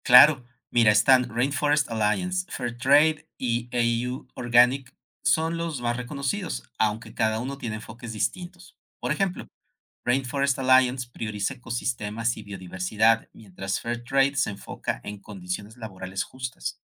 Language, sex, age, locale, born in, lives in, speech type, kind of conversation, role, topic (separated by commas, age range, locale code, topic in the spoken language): Spanish, male, 55-59, es-MX, Mexico, Mexico, read, expert-assistant, expert, ¿Cuáles son las mejores formas de saber si los alimentos que consumo fueron producidos de manera sostenible?